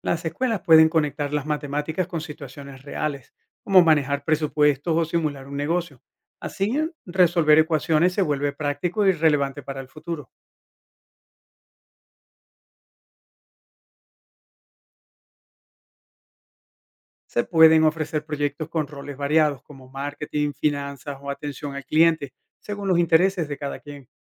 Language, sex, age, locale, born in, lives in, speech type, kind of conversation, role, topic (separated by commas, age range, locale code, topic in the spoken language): Spanish, male, 50-54, es-VE, Venezuela, United States, read, expert-assistant, expert, ¿Qué cambios creativos podríamos hacer para que las escuelas sean más útiles en la vida real?